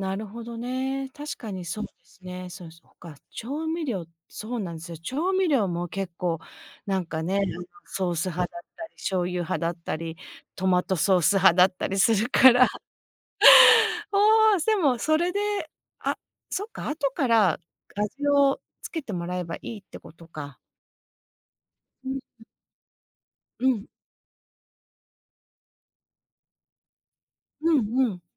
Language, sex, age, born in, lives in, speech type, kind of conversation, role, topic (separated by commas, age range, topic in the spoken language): Japanese, female, 50-54, Japan, United States, conversation, advice, user, 家族の食事の好みが違って献立で衝突するとき、どうすればうまく調整できますか？